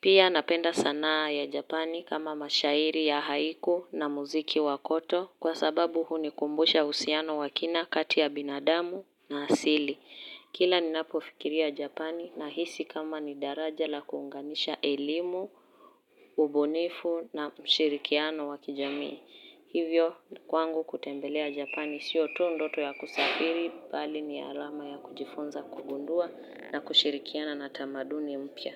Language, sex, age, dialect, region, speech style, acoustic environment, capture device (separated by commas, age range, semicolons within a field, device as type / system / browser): Swahili, female, 18-24, Kenya - Nairobi Sheng-influenced, Kenya, happy; focused, silence, mobile / Linux / Chrome